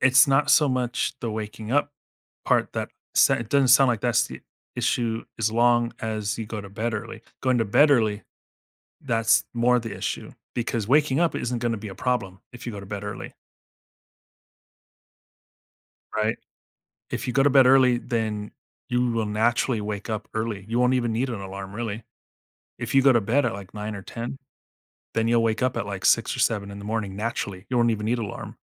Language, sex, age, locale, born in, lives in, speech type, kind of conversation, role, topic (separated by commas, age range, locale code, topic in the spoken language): English, male, 40-44, en-US, United States, United States, conversation, advice, advisor, How can I better balance work and my personal life?